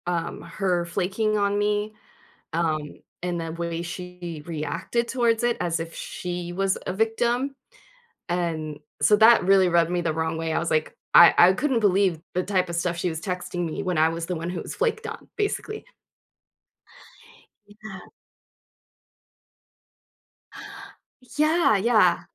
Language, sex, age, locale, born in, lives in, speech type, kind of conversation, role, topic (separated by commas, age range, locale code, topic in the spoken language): English, female, 40-44, en-US, United States, United States, conversation, advice, user, How do I handle a close friend's betrayal?